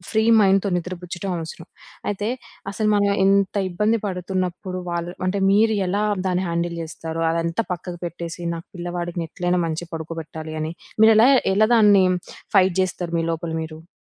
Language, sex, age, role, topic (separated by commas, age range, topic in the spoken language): Telugu, female, 25-29, host, పిల్లలకు రాత్రి నిద్రకు ముందు కథలు చెప్పడం మీకు ఎందుకు ముఖ్యంగా అనిపిస్తుంది?